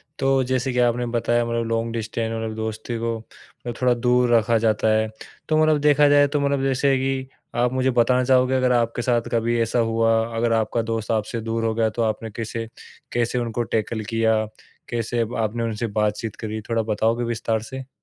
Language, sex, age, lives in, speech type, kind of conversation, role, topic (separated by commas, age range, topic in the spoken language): Hindi, male, 25-29, India, conversation, podcast, host, Long distance dosti ko maintain karne ka sabse best tareeka kya hai?